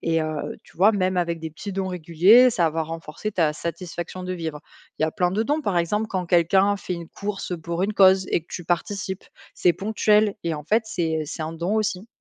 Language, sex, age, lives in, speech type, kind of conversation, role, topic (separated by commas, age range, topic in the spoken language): French, female, 25-29, France, read, expert-assistant, expert, Et si tu gagnais soudainement deux fois plus d’argent, qu’est-ce que tu changerais dans ta façon de gérer ton budget ?